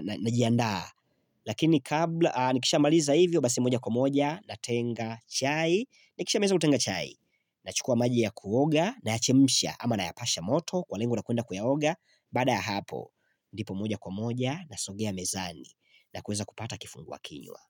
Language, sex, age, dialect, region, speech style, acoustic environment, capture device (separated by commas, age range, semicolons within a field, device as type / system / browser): Swahili, male, 25-34, Tanzania - Dar es Salaam, Tanzania, happy; excited; focused; relaxed, silence, mobile / Linux / Chrome